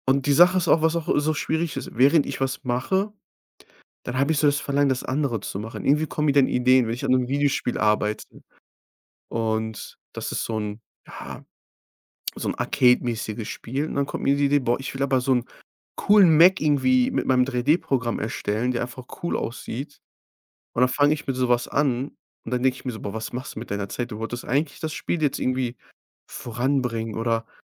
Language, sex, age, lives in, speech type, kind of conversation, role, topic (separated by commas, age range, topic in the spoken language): German, male, 25-29, Germany, conversation, advice, user, Wie kann ich meine vielen kreativen Projekte priorisieren?